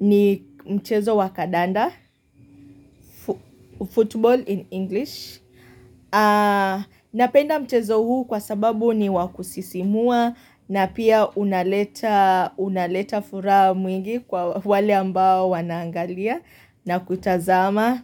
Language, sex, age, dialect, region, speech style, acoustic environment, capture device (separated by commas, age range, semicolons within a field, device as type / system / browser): Swahili, female, 25-34, Kenya - Mombasa, Kenya, happy; relaxed, nature, mobile / Linux / Chrome